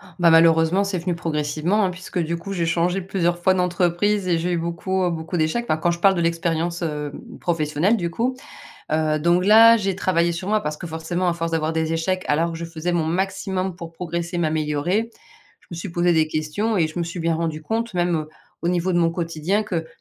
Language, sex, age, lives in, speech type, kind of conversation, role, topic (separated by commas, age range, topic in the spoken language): French, female, 40-44, France, conversation, podcast, guest, Comment transformez-vous concrètement un échec en opportunité ?